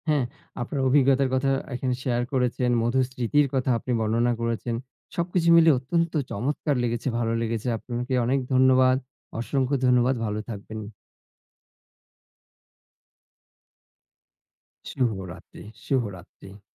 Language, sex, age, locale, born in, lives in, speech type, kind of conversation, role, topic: Bengali, male, 40-44, bn-BD, Bangladesh, Bangladesh, conversation, unstructured, none, তোমার মতে সম্পর্কের সবচেয়ে মধুর স্মৃতি কোনটি?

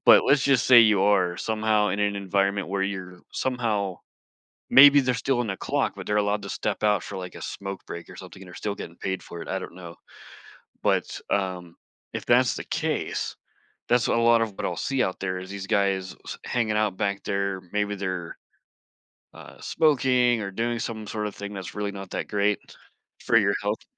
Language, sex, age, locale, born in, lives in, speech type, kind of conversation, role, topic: English, male, 40-44, en-US, United States, United States, conversation, podcast, guest, How can small daily habits make a difference in managing stress?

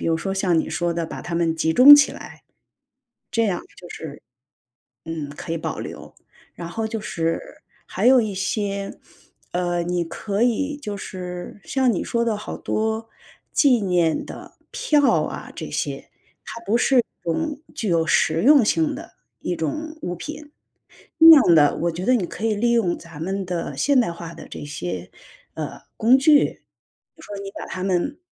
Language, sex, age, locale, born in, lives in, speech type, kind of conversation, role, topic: Chinese, female, 45-49, zh-CN, China, United States, conversation, advice, advisor, 为什么我很难丢弃继承来的物品或纪念物？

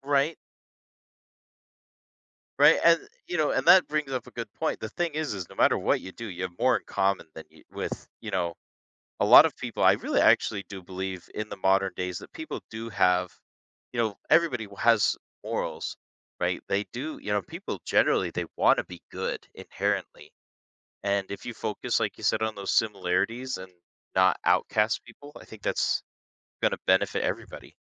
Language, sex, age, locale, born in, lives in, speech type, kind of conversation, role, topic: English, male, 30-34, en-US, United States, United States, conversation, podcast, guest, In what ways can religion influence how people define right and wrong?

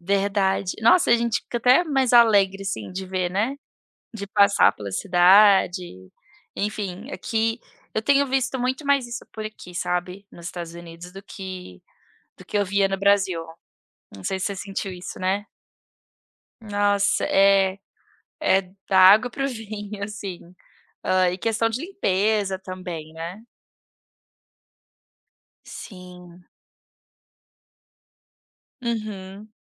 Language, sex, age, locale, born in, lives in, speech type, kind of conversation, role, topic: Portuguese, female, 30-34, pt-BR, Brazil, United States, conversation, unstructured, none, O que te deixa feliz ao ver uma cidade bem cuidada?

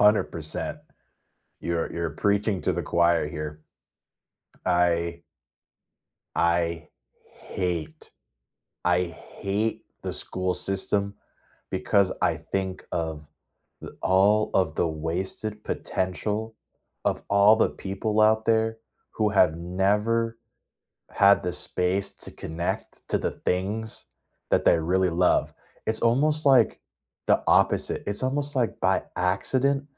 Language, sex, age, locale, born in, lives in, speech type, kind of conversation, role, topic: English, male, 30-34, en-US, United States, United States, conversation, unstructured, none, What routines, boundaries, and small hacks help you juggle homework, hobbies, and sleep during hectic school weeks?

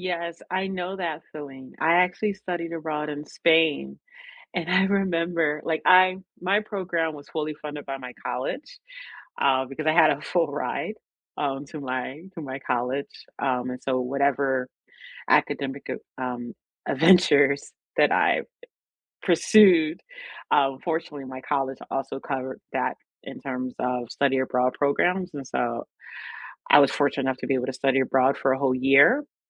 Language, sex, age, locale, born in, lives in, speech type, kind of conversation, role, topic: English, female, 35-39, en-US, United States, United States, conversation, unstructured, none, Which field trips changed how you saw the world, and why did they matter to you?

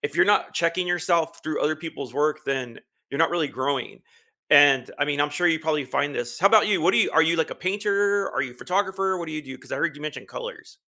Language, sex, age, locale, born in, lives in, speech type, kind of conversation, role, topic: English, male, 50-54, en-US, United States, United States, conversation, unstructured, none, What small wins brightened your week, and how can we cheer each other on?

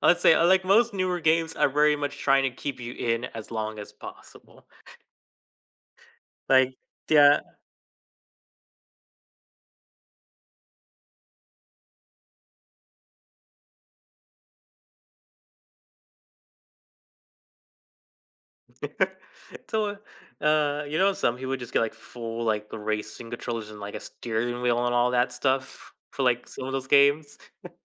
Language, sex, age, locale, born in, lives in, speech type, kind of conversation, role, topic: English, male, 20-24, en-US, United States, United States, conversation, podcast, guest, How do hobbies enrich our lives and well-being?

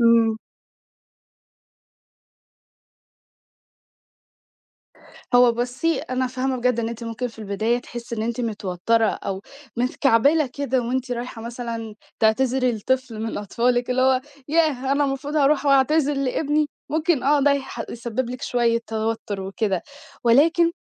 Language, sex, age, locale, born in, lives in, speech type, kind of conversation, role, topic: Arabic, female, 18-19, ar-EG, Egypt, Egypt, conversation, advice, advisor, إزاي أتحكم في انفعالي السريع مع عيالي أو مع شريكي؟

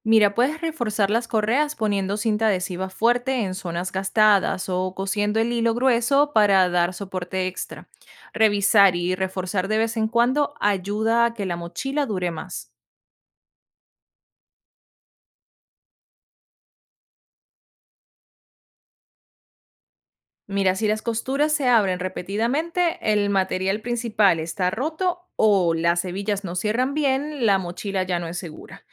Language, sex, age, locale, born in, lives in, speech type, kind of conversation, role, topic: Spanish, female, 25-29, es-VE, Venezuela, United States, read, expert-assistant, expert, ¿Cuáles son las fallas más comunes en el equipo de senderismo y cómo puedo detectar el problema antes de salir?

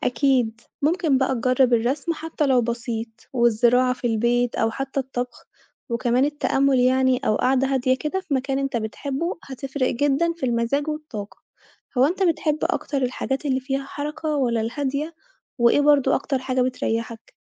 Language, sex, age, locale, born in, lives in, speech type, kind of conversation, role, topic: Arabic, female, 25-29, ar-EG, Egypt, Italy, read, expert-assistant, expert, إزاي أقدر أنظم وقتي ومجهودي عشان أوازن بين الشغل، العيلة، والصحاب وأخلي التواصل مع كل حد فيهم فعال ومريح؟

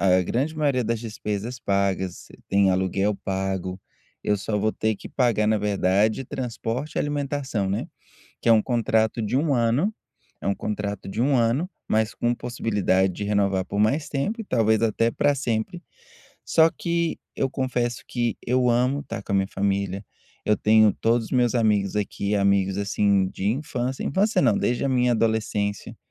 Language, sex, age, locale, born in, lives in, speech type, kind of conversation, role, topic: Portuguese, male, 30-34, pt-BR, Brazil, United States, conversation, advice, user, Como posso lidar com a tristeza em despedidas e transições?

